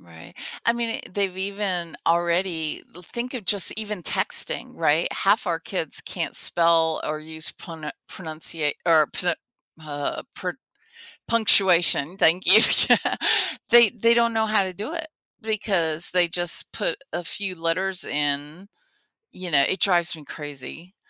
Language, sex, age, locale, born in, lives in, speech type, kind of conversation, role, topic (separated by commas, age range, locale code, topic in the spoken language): English, female, 50-54, en-US, United States, United States, conversation, podcast, host, In what ways has technology transformed the way we live and interact every day?